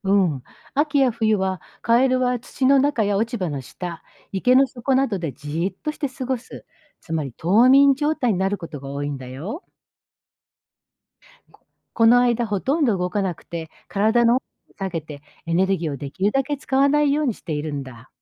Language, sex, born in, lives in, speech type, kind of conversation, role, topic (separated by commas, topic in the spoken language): Japanese, female, Japan, Japan, read, expert-assistant, expert, どうしてカエルは鳴くのでしょうか？